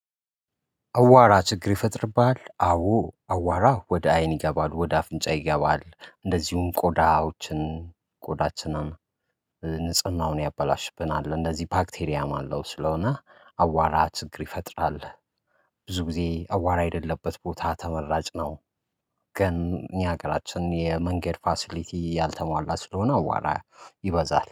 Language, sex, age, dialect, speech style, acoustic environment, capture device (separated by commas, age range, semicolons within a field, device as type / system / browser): Amharic, male, 25-34, Ethiopia - Addis Ababa, relaxed, nature, mobile / Linux / Chrome